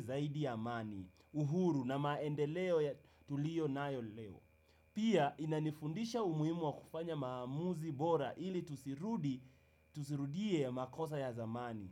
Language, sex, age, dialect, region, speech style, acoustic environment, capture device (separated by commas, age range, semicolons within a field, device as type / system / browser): Swahili, male, 18-24, Kenya - Nairobi Sheng-influenced, Kenya, happy, people talking; music; nature; silence; appliances; construction; traffic, desktop / Windows / Chrome